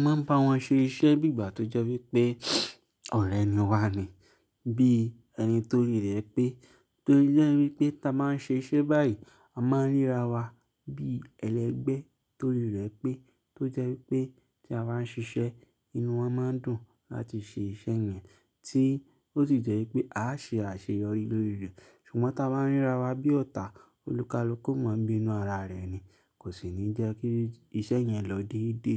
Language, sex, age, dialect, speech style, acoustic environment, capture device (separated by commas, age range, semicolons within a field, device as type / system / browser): Yoruba, male, 25-34, Nigeria - Lagos Yoruba, happy, silence, mobile / Linux / Chrome